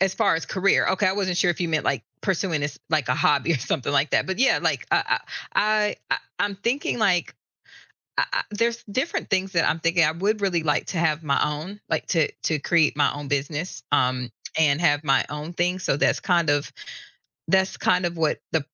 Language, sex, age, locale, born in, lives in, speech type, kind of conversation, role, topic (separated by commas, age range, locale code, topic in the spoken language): English, female, 45-49, en-US, United States, United States, conversation, advice, user, How do I decide whether to change careers and plan a successful transition?